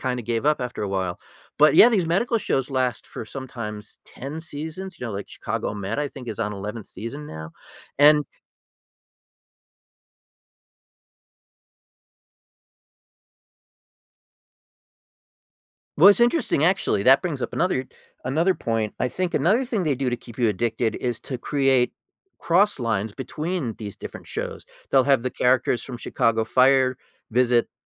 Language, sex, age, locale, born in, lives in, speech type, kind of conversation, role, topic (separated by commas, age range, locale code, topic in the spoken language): English, male, 55-59, en-US, United States, United States, conversation, podcast, host, Why do some TV shows keep us watching episode after episode?